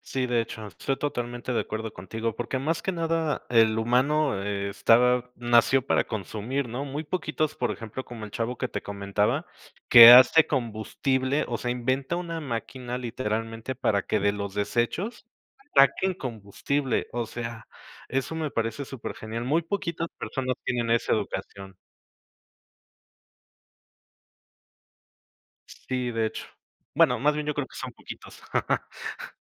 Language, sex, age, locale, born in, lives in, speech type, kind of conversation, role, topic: Spanish, male, 35-39, es-MX, Mexico, Mexico, conversation, unstructured, none, ¿Has pensado alguna vez qué pasaría si desaparecieran los bosques?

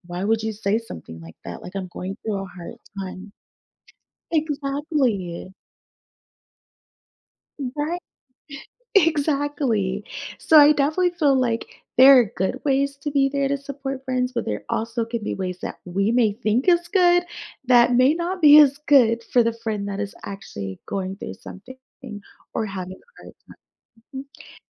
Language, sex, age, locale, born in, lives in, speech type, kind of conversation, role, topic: English, female, 30-34, en-US, United States, United States, conversation, podcast, guest, How can we be there for friends who are struggling while also taking care of ourselves?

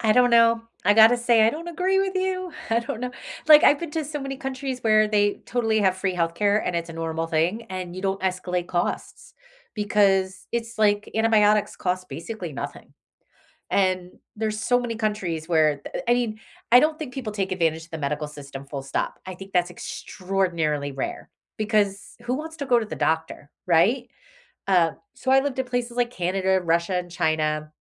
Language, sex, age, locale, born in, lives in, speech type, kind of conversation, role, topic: English, female, 45-49, en-US, United States, United States, conversation, unstructured, none, What role should the government play in our daily lives?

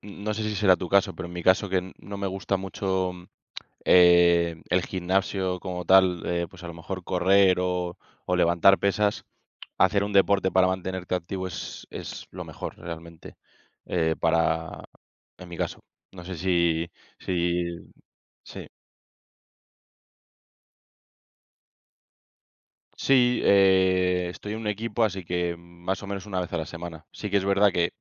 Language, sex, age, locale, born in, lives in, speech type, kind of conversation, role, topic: Spanish, male, 25-29, es-ES, Spain, Spain, conversation, unstructured, none, ¿Cuál es tu deporte favorito para mantenerte activo?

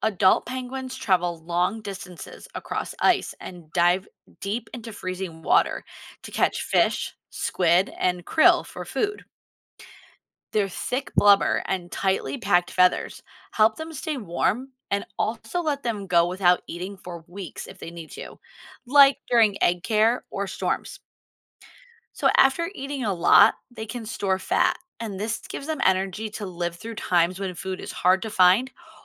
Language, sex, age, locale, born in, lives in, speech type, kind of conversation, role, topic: English, female, 35-39, en-US, United States, United States, read, expert-assistant, expert, How do penguins stay warm in cold climates?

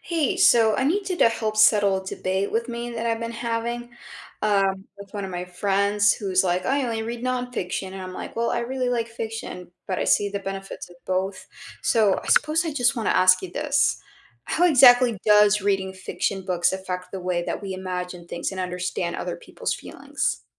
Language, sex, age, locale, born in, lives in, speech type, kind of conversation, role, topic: English, female, 30-34, en-US, United States, United States, read, expert-assistant, asker, How does reading fiction influence your imagination and empathy?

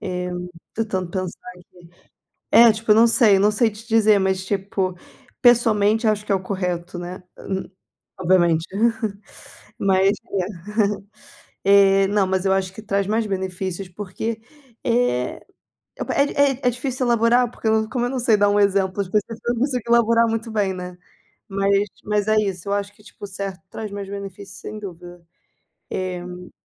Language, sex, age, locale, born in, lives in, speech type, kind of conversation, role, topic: Portuguese, female, 25-29, pt-BR, Brazil, Portugal, conversation, unstructured, none, O que você faria se tivesse que escolher entre o que é fácil e o que é certo?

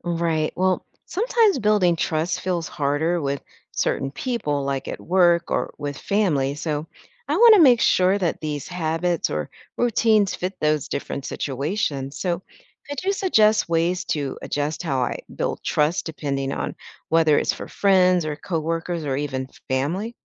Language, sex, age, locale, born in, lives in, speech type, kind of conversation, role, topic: English, female, 50-54, en-US, United States, United States, read, expert-assistant, asker, What are some ways to build trust with others?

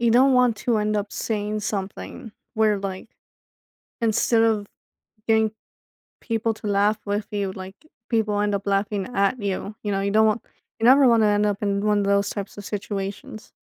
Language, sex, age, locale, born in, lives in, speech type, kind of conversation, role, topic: English, female, 25-29, en-US, United States, United States, conversation, advice, user, How can I speak up more in groups?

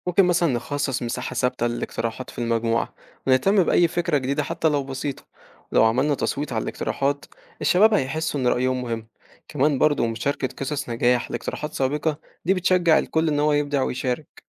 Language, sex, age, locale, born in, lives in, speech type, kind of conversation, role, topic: Arabic, male, 18-19, ar-EG, Egypt, Egypt, read, expert-assistant, expert, إيه هي الطرق المختلفة اللي ممكن بيها نستخدم وسائل الإعلام الجديدة لصناعة تأثير إيجابي على الشباب في مصر؟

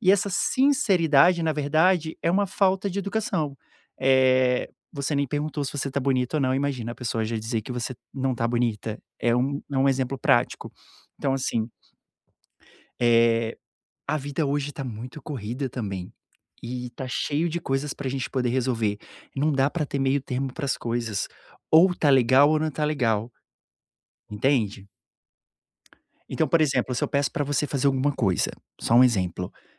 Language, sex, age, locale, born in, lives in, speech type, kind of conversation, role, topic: Portuguese, male, 30-34, pt-BR, Brazil, Portugal, conversation, advice, advisor, Como posso parar de me desculpar o tempo todo por traços pessoais que não pretendo mudar?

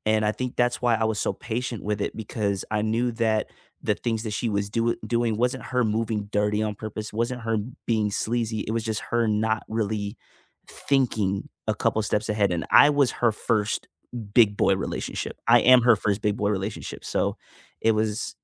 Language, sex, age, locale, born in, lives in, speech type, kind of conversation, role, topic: English, male, 25-29, en-US, United States, United States, conversation, unstructured, none, What role does compromise play in resolving disagreements?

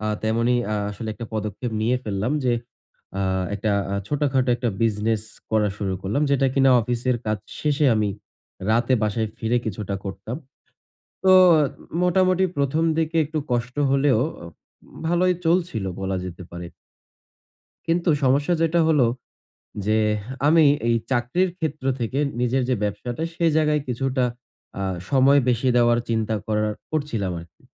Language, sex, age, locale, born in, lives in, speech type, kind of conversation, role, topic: Bengali, male, 20-24, bn-BD, Bangladesh, Bangladesh, conversation, advice, user, অনিশ্চয়তার মধ্যে কীভাবে মানসিক স্থিতিশীলতা বজায় রাখা যায়?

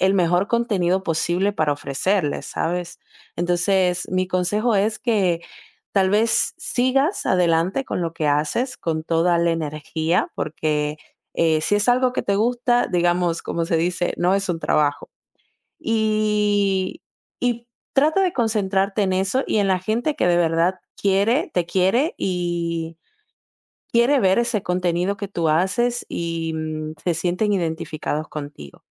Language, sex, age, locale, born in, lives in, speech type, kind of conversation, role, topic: Spanish, female, 20-24, es-DO, Dominican Republic, Italy, conversation, advice, advisor, ¿Cómo puedo superar el bloqueo que siento después de recibir críticas negativas o comentarios crueles?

